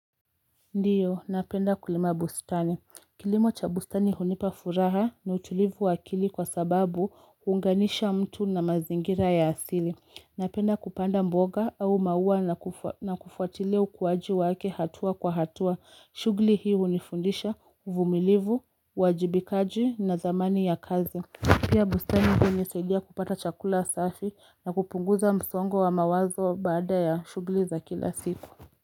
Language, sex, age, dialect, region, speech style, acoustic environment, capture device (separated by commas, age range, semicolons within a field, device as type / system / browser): Swahili, female, 25-34, Kenya - Mombasa, Kenya, happy, silence, mobile / Linux / Chrome